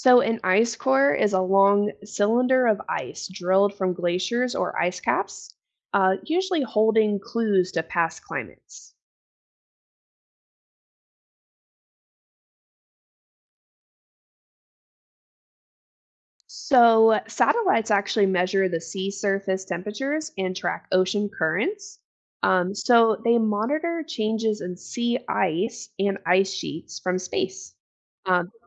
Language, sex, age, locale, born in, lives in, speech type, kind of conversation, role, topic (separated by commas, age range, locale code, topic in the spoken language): English, female, 25-29, en-US, United States, United States, read, expert-assistant, expert, How do scientists track climate change over time?